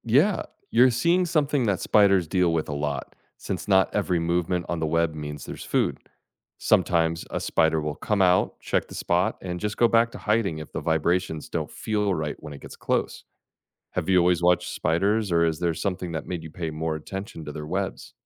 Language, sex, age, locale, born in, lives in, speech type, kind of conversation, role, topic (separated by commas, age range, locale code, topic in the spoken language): English, male, 40-44, en-US, United States, United States, read, expert-assistant, expert, How do spiders build and use their webs?